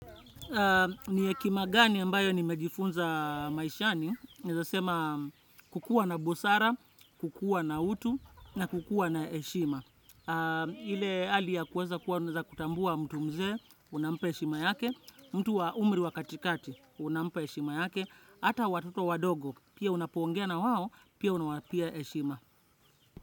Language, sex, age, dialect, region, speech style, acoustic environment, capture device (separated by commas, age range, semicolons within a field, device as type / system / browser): Swahili, male, 25-34, Kenya - Nairobi Sheng-influenced, Kenya, relaxed; focused, nature, desktop / Windows / Chrome